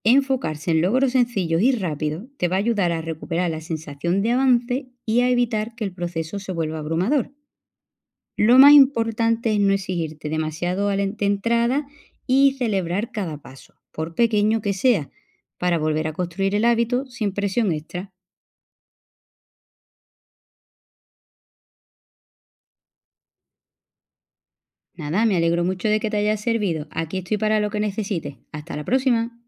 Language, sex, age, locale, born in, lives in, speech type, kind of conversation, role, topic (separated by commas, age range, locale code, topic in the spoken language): Spanish, female, 35-39, es-ES, Spain, Portugal, read, expert-assistant, expert, ¿Cómo puedo organizarme para no olvidar mis chequeos de salud?